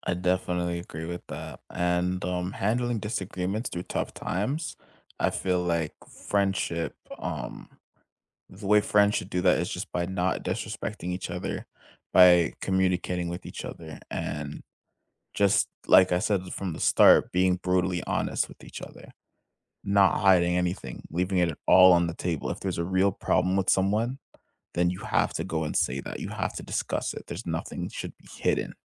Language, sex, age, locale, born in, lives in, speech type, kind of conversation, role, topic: English, male, 20-24, en-CA, Canada, Canada, conversation, unstructured, none, What makes a friendship last over time?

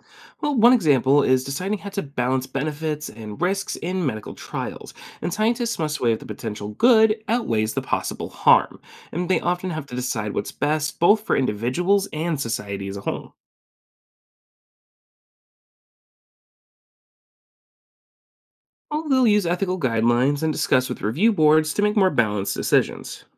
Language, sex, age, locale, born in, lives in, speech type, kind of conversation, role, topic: English, male, 25-29, en-US, United States, United States, read, expert-assistant, expert, What is the role of ethics in research?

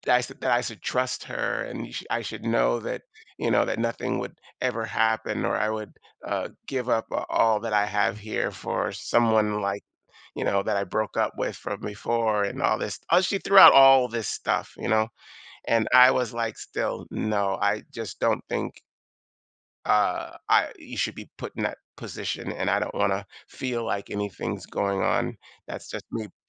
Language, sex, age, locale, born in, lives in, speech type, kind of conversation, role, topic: English, male, 55-59, en-US, United States, United States, conversation, advice, user, How can I address my partner spending time with their ex?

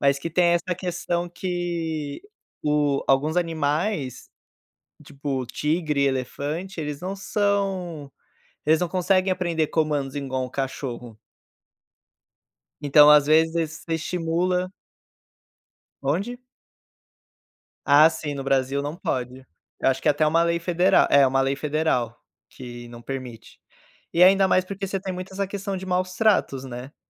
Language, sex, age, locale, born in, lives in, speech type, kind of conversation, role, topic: Portuguese, male, 25-29, pt-BR, Brazil, Portugal, conversation, unstructured, none, Você acha certo manter animais selvagens como bichos de estimação?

